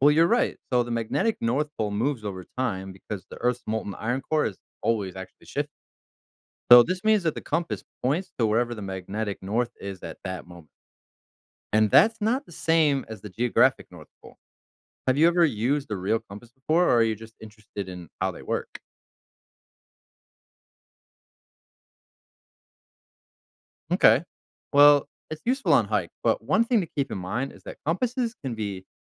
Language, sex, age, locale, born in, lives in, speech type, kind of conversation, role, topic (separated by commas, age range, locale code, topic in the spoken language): English, male, 30-34, en-US, United States, United States, read, expert-assistant, expert, How do compasses work and what affects their accuracy?